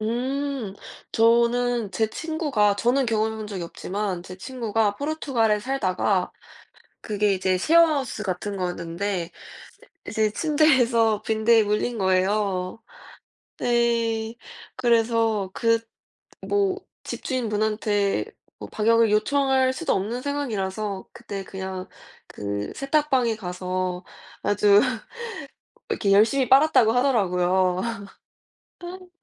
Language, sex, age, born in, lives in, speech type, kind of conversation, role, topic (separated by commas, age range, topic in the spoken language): Korean, female, 20-24, South Korea, United States, conversation, unstructured, none, 숙소에서 벌레가 나오면 어떻게 반응하시나요?